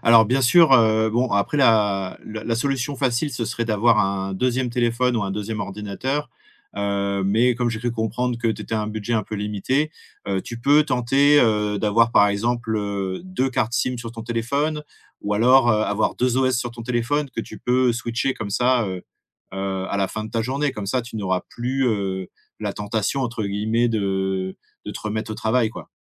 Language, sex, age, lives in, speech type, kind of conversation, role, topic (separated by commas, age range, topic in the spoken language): French, male, 35-39, France, conversation, advice, advisor, Comment s’est passée votre transition vers le télétravail et quelles difficultés rencontrez-vous pour instaurer des limites entre vie professionnelle et vie personnelle ?